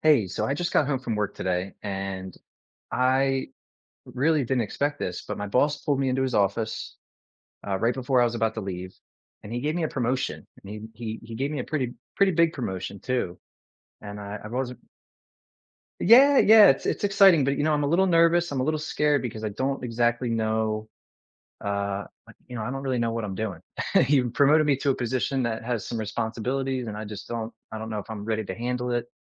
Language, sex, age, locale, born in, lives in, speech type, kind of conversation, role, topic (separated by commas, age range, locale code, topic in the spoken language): English, male, 30-34, en-US, United States, United States, conversation, advice, user, How can I gain confidence and manage new responsibilities after an unexpected promotion?